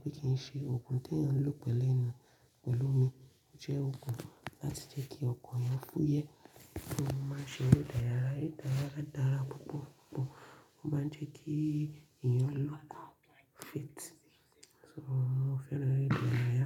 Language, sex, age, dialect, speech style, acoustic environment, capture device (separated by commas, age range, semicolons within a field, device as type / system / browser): Yoruba, female, 25-34, Nigeria - Ibadan, focused, silence; nature, mobile / Linux / Chrome